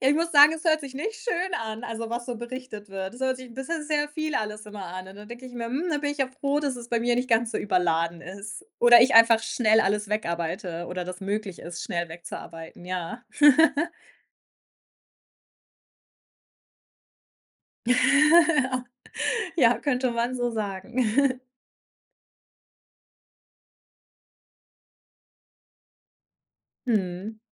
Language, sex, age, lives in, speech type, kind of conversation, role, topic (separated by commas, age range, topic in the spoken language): German, female, 30-34, Germany, conversation, podcast, guest, Wie setzt du Grenzen, damit du nicht ständig erreichbar sein musst?